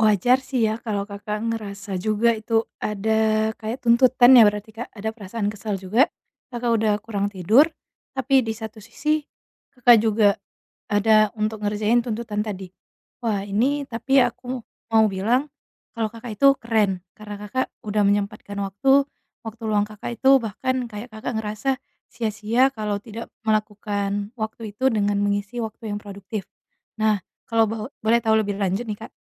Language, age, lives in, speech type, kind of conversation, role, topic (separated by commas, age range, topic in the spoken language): Indonesian, 25-29, Indonesia, conversation, advice, advisor, Bagaimana cara menikmati waktu luang di rumah tanpa merasa gelisah?